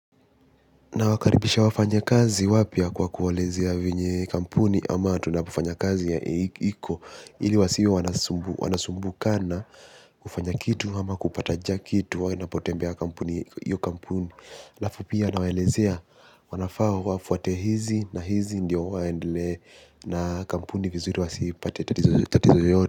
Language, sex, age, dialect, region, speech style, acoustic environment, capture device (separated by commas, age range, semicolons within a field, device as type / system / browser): Swahili, male, 18-24, Kenya - Mombasa, Kenya, focused, silence, mobile / Linux / Chrome